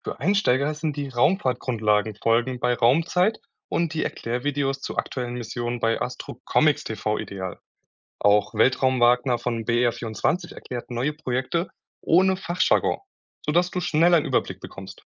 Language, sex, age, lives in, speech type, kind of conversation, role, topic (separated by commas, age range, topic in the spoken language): German, male, 20-24, Germany, read, expert-assistant, expert, Wie informiert man sich einfach und aktuell über Raumfahrtprojekte?